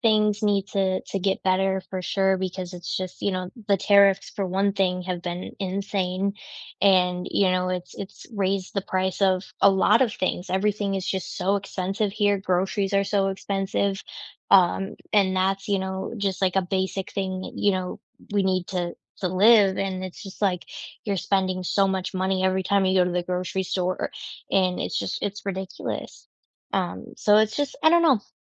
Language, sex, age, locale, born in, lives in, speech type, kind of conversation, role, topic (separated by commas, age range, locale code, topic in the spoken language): English, female, 25-29, en-US, United States, United States, conversation, unstructured, none, What is one new thing you learned this week?